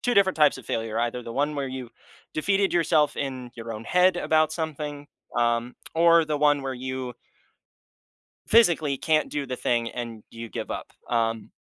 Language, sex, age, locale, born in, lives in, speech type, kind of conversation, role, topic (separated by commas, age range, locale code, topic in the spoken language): English, male, 20-24, en-US, United States, United States, conversation, podcast, host, How has experiencing failure helped you grow or change your perspective?